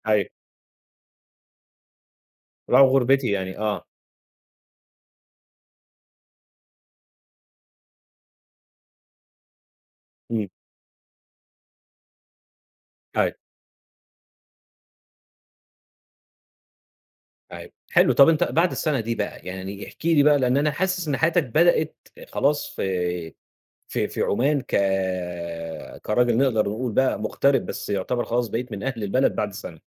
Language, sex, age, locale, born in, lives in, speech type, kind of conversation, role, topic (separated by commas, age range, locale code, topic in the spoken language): Arabic, male, 30-34, ar-EG, Egypt, Egypt, conversation, podcast, host, احكيلي عن أول مرة حسّيت إنك عايش بين ثقافتين مختلفتين؟